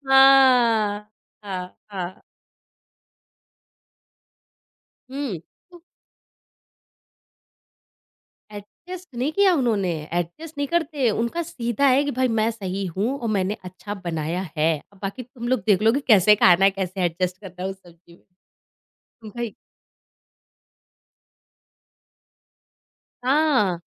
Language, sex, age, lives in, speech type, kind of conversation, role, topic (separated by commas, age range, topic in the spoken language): Hindi, female, 30-34, India, conversation, podcast, guest, Gharwalon ki favourite festive recipe kaunsi hai aur kyun?